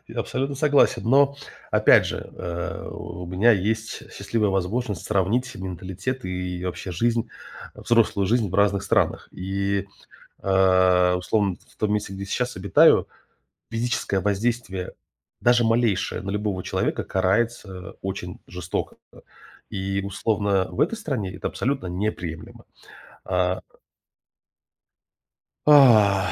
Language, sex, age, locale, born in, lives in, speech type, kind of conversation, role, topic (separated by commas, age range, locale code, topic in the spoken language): Russian, male, 35-39, ru-RU, Russia, United States, conversation, podcast, guest, Как школа может лучше готовить подростков к взрослой жизни?